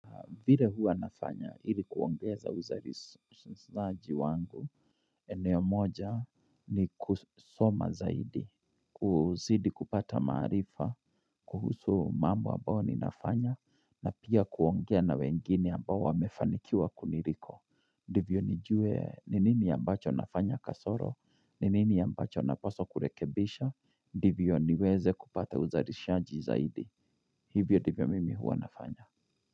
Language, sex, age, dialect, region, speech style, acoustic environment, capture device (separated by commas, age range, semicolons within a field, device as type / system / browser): Swahili, male, 35-44, Kenya - Kiambu, Kenya, nervous, nature, mobile / Linux / Chrome